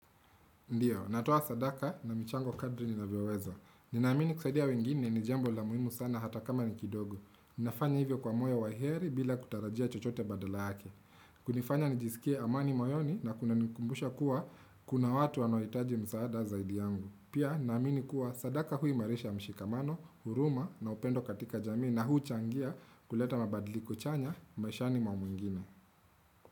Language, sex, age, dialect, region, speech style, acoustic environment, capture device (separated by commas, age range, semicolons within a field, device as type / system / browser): Swahili, male, 25-34, Kenya - Nairobi Sheng-influenced, Kenya, relaxed, silence, desktop / Windows / Chrome